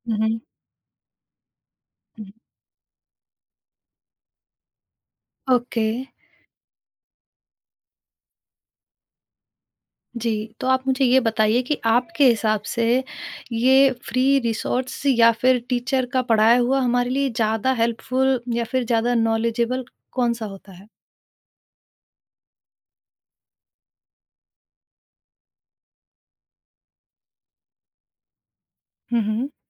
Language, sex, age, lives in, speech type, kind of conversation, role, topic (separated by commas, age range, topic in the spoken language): Hindi, female, 30-34, India, conversation, podcast, host, Kaunse muft resources ne tumhari sabse zyada madad ki, woh share karoge?